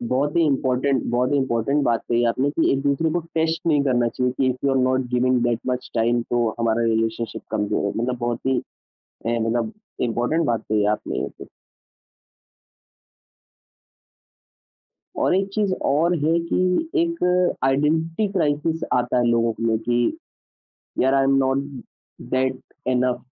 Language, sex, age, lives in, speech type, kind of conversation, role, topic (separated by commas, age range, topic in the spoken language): Hindi, male, 25-29, India, conversation, podcast, host, Phone aur social media par tumhare rules aur boundaries kya hain?